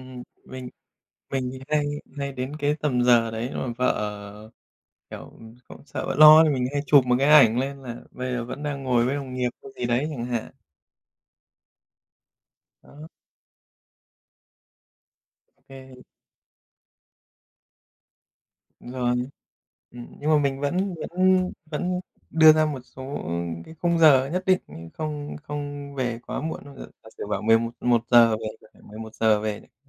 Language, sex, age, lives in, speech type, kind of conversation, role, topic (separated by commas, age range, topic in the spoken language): Vietnamese, male, 30-34, Japan, conversation, unstructured, none, Có nên kiểm soát nhau trong tình yêu không?